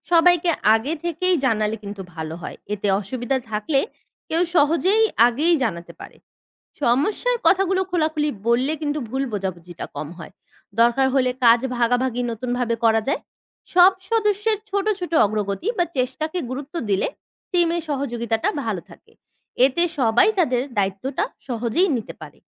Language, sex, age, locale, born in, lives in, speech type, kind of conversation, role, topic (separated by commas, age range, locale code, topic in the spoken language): Bengali, female, 20-24, bn-BD, Bangladesh, Bangladesh, read, expert-assistant, expert, ভবিষ্যতে পরিবেশ সংরক্ষণে তরুণদের ভূমিকা কেমন হতে পারে